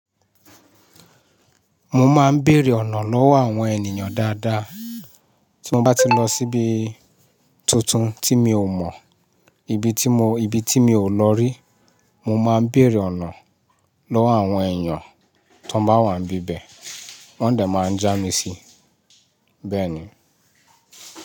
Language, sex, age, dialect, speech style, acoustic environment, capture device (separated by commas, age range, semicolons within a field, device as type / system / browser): Yoruba, male, 35-44, Nigeria - Ibadan, happy; relaxed, people talking, mobile / Linux / Chrome